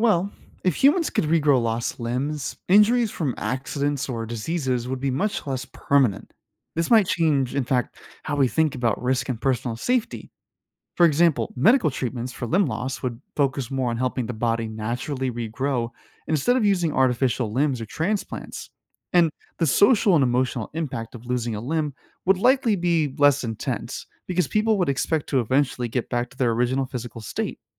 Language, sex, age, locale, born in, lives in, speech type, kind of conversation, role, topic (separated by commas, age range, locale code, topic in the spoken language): English, male, 25-29, en-US, United States, United States, read, expert-assistant, expert, How would life change if humans could regrow limbs?